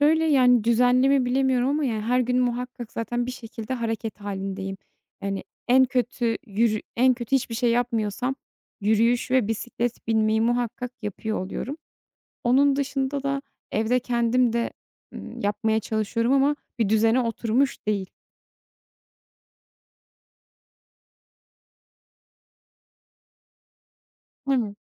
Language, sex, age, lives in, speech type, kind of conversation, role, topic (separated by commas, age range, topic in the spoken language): Turkish, female, 30-34, Netherlands, conversation, advice, user, Farklı diyet önerileri arasında kalıp karar veremediğimde nasıl bir yol izlemeliyim?